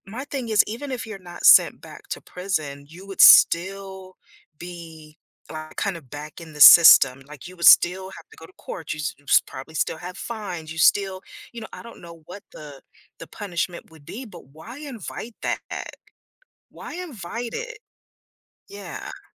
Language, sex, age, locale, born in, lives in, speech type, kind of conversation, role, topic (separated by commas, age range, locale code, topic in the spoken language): English, female, 50-54, en-US, United States, United States, conversation, advice, user, How can I apologize and repair things after saying something hurtful in an argument?